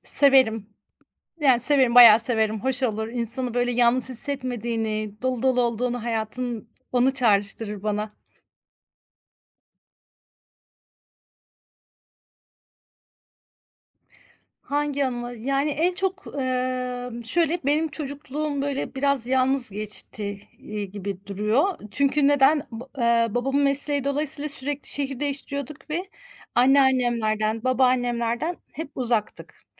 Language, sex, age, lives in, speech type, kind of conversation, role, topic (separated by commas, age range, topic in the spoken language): Turkish, female, 35-39, Germany, conversation, podcast, guest, Aile yemekleri sana hangi anıları çağrıştırıyor?